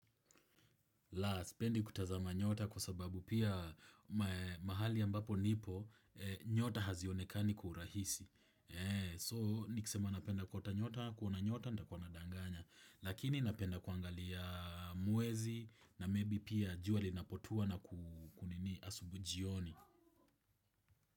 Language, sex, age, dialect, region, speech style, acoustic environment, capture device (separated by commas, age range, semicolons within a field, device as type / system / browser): Swahili, male, 25-34, Kenya - Nairobi Sheng-influenced, Kenya, happy, appliances, desktop / Windows / Chrome